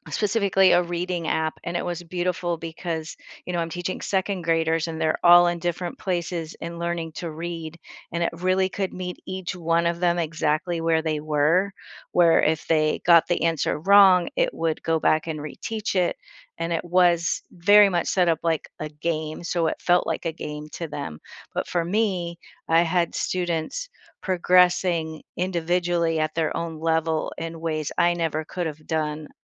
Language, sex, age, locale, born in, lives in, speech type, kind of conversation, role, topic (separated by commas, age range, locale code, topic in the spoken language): English, female, 55-59, en-US, United States, United States, conversation, podcast, host, In what ways is technology transforming education and the learning experience?